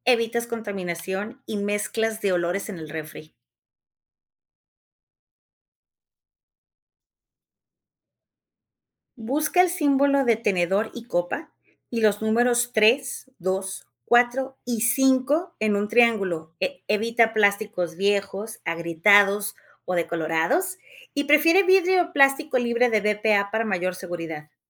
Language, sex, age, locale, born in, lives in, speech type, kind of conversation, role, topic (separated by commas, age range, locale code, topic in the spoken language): Spanish, female, 45-49, es-MX, Mexico, Mexico, read, expert-assistant, expert, ¿Cómo puedo organizar mi cocina en casa para asegurarme de que los alimentos se mantengan seguros y evitar la contaminación cruzada?